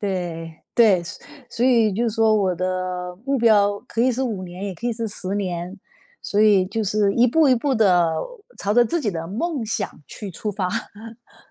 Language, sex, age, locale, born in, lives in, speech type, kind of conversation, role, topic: Chinese, female, 50-54, zh-CN, China, United States, conversation, podcast, guest, 你通常会如何设定学习目标并制定学习计划？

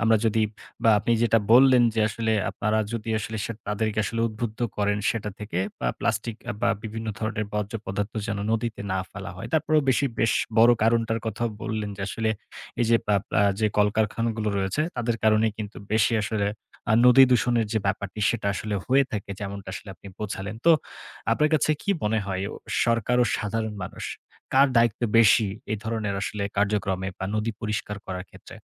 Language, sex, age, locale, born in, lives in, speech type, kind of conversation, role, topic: Bengali, male, 18-19, bn-BD, Bangladesh, Bangladesh, conversation, podcast, host, তুমি কীভাবে স্থানীয় নদী পরিষ্কার করাবে?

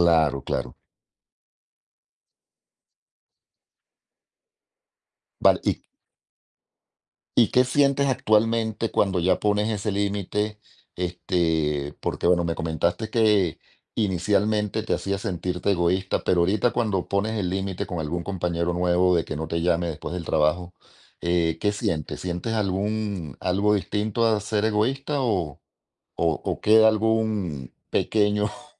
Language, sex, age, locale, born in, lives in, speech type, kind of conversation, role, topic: Spanish, male, 45-49, es-VE, Venezuela, Spain, conversation, podcast, host, ¿Cómo estableces límites sin sentirte egoísta?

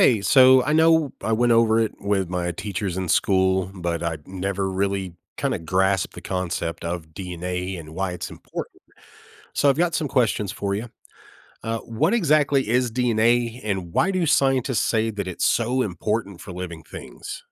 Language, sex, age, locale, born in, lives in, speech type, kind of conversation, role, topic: English, male, 35-39, en-US, United States, United States, read, expert-assistant, asker, What is DNA and why is it important?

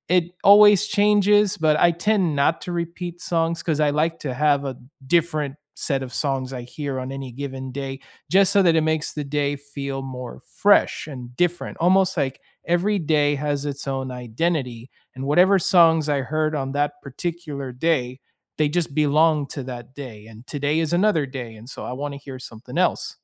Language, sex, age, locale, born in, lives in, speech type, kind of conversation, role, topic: English, male, 30-34, en-US, United States, United States, conversation, unstructured, none, What song have you had on repeat lately, and how does it make you feel?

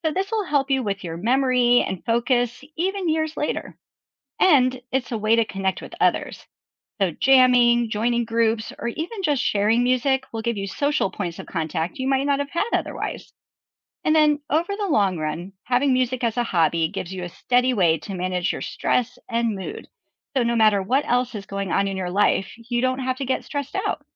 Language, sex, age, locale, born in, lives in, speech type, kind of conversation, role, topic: English, female, 45-49, en-US, United States, United States, read, expert-assistant, expert, What are the benefits of learning to play a musical instrument?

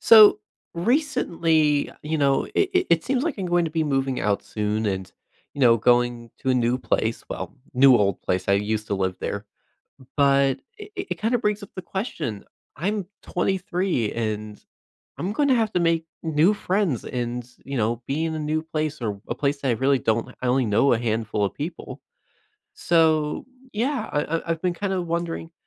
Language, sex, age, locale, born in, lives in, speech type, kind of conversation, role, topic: English, male, 20-24, en-US, United States, United States, conversation, advice, user, How can I meet new people and build meaningful friendships after moving to a new city?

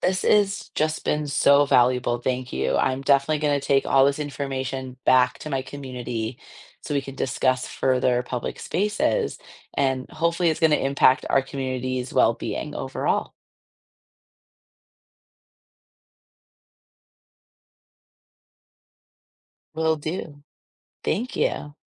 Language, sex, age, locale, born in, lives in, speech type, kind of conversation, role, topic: English, female, 30-34, en-US, United States, United States, read, expert-assistant, asker, How does the design of public spaces impact community well-being?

